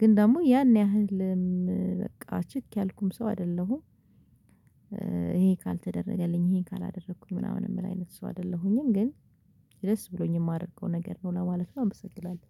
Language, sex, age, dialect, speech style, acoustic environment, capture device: Amharic, female, 25-34, Ethiopia - Addis Ababa, stressed, silence, mobile / Linux / Chrome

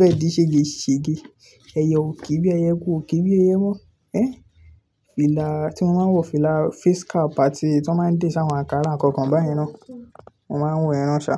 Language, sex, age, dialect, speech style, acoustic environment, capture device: Yoruba, male, 18-24, Nigeria - Ibadan, focused; relaxed, nature; appliances, desktop / Linux / Chrome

